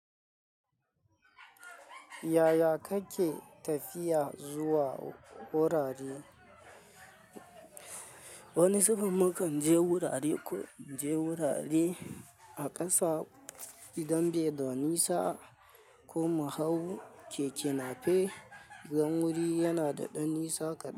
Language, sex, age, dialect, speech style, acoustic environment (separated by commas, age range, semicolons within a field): Hausa, male, 25-34, Nigeria - Kano, happy; anxious, nature; people talking